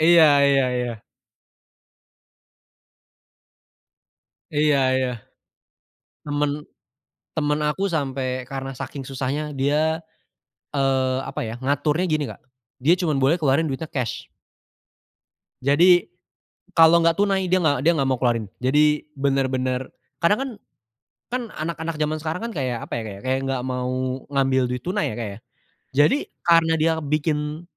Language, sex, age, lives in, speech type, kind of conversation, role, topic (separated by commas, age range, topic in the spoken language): Indonesian, male, 20-24, Indonesia, conversation, unstructured, none, Apa hal yang paling menantang dalam mengatur keuangan pribadi?